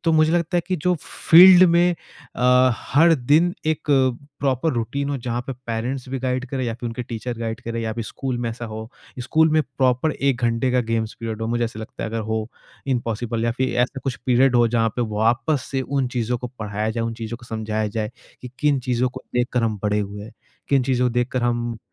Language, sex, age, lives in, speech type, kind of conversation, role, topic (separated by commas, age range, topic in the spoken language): Hindi, male, 25-29, India, conversation, podcast, guest, Family time mein devices se attention kaise banaye rakhte ho?